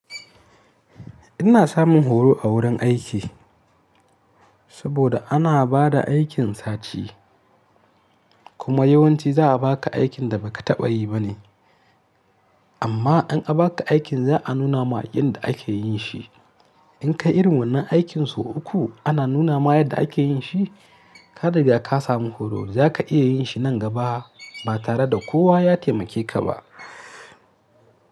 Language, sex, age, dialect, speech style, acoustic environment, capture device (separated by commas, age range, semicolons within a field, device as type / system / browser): Hausa, male, 25-34, Nigeria - Kano, happy, silence; nature, mobile / Linux / Chrome